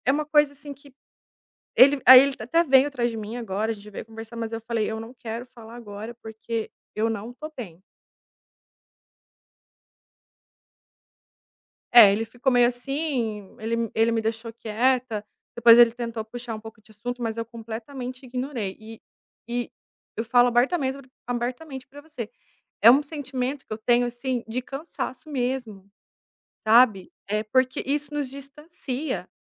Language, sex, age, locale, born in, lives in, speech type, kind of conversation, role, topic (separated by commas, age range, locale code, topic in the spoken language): Portuguese, female, 30-34, pt-BR, Brazil, Italy, conversation, advice, user, Como posso lidar com a falta de conexão emocional com meu parceiro, já que ele tem se distanciado?